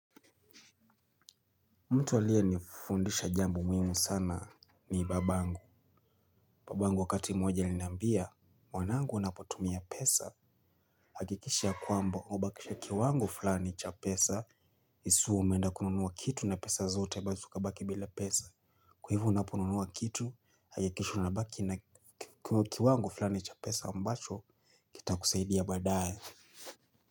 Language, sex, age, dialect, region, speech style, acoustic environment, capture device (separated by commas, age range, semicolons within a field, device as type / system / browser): Swahili, male, 25-34, Kenya - Mombasa, Kenya, happy, silence; nature, mobile / Linux / Chrome